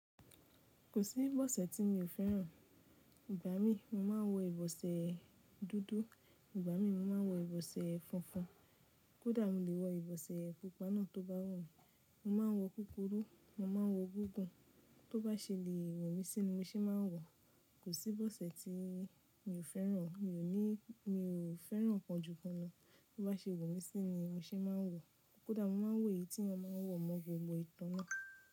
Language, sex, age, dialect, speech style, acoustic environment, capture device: Yoruba, female, 25-34, Nigeria - Nigerian Standard, happy, silence, mobile / Linux / Chrome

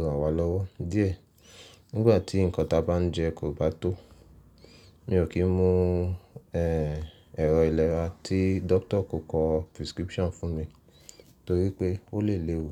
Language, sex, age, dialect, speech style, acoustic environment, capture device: Yoruba, male, 25-34, Nigeria - Nigerian Standard, happy; relaxed, silence, mobile / Linux / Chrome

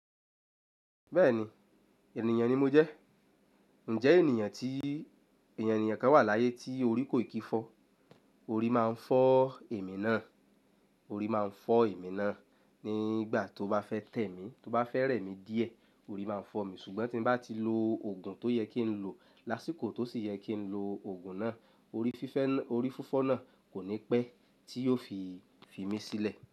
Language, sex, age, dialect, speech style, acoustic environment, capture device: Yoruba, male, 25-34, Nigeria - Lagos Yoruba, happy; relaxed; excited, silence, mobile / Linux / Chrome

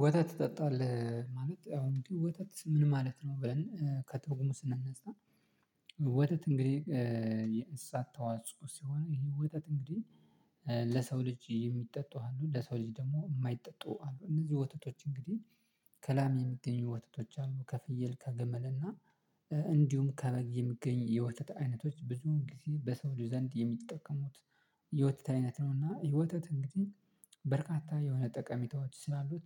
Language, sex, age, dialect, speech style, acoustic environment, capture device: Amharic, male, 25-34, Ethiopia - Addis Ababa, relaxed, silence, mobile / Linux / Chrome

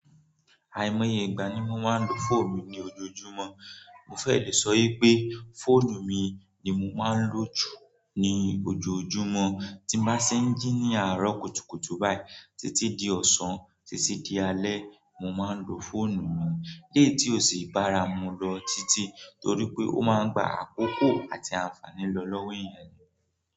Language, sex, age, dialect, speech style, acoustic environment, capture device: Yoruba, male, 18-24, Nigeria - Nigerian Standard, relaxed; focused, nature; people talking, mobile / Android / Chrome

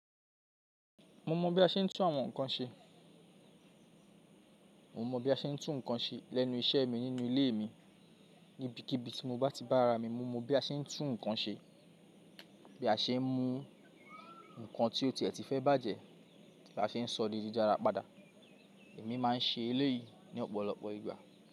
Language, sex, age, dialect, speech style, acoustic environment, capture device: Yoruba, male, 25-34, Nigeria - Lagos Yoruba, focused, people talking; music, mobile / Linux / Chrome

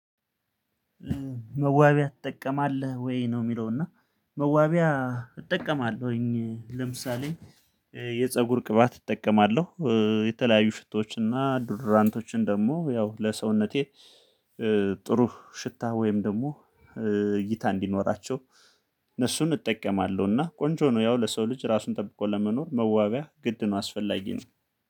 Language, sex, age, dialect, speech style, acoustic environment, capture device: Amharic, male, 35-44, Ethiopia - Gondar, happy; relaxed, silence; people talking, mobile / Linux / Chrome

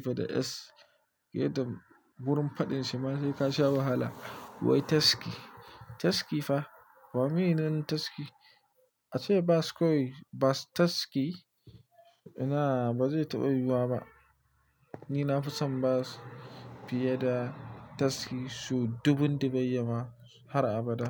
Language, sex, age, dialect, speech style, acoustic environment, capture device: Hausa, male, 25-34, Nigeria - Nigerian Standard, happy, silence; nature, mobile / Linux / Chrome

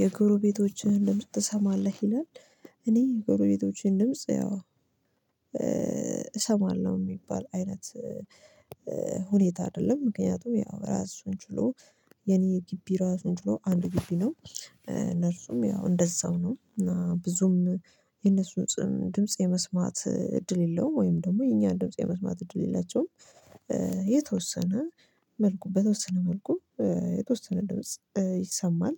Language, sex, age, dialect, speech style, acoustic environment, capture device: Amharic, female, 25-34, Ethiopia - Addis Ababa, focused; relaxed, nature, mobile / Linux / Chrome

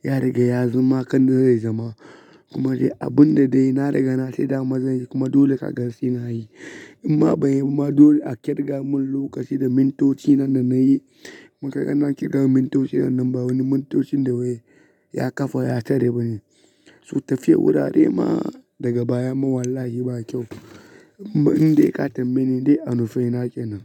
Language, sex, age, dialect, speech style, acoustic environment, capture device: Hausa, male, 25-34, Nigeria - Kano, relaxed; focused, silence, mobile / Linux / Chrome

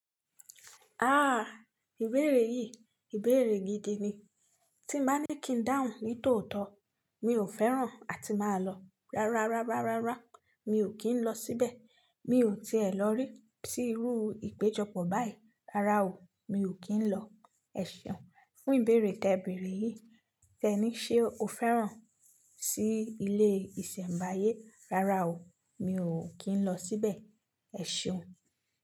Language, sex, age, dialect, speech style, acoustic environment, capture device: Yoruba, female, 25-34, Nigeria - Nigerian Standard, happy, silence, mobile / Linux / Chrome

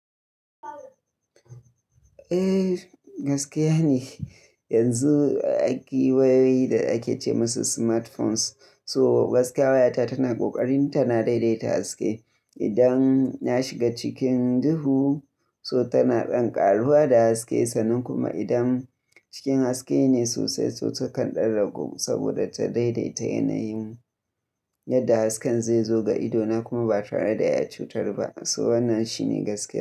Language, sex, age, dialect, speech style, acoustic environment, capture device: Hausa, male, 25-34, Nigeria - Kano, happy, nature; silence, mobile / Linux / Chrome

